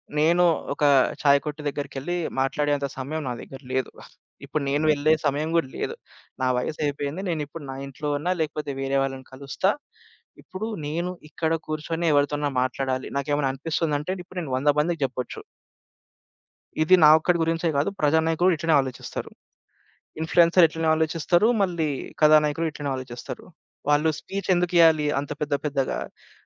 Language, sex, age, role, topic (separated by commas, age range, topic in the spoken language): Telugu, male, 25-29, guest, ఖ్యాతిని దీర్ఘకాలం నిలబెట్టేది ఏమిటి?